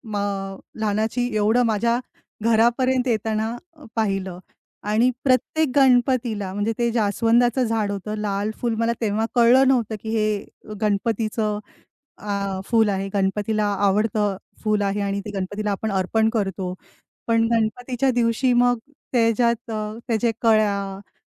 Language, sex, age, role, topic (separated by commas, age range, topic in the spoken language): Marathi, female, 35-39, guest, तुला झाडं लावण्याचा अनुभव कसा आला?